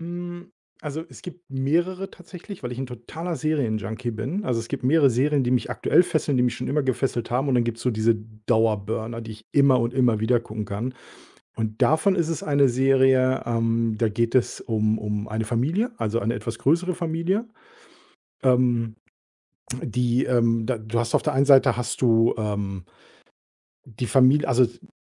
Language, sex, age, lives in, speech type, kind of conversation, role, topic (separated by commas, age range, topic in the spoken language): German, male, 45-49, Germany, conversation, podcast, guest, Welche Serie hat dich zuletzt richtig gefesselt, und warum?